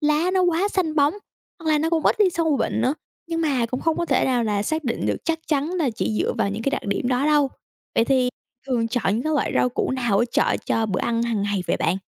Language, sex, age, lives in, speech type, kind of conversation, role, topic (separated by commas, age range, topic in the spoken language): Vietnamese, female, 18-19, United States, read, expert-assistant, expert, Làm sao kiểm tra và xác minh nguồn gốc thực phẩm sạch ở Việt Nam?